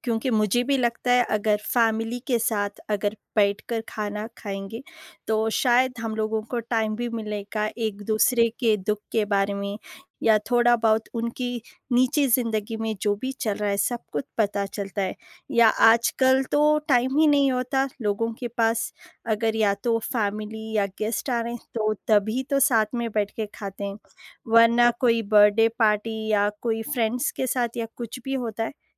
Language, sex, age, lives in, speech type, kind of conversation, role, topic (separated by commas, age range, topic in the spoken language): Hindi, female, 30-34, India, conversation, unstructured, none, खाना बनाते समय आपको सबसे ज़्यादा मज़ा कब आता है?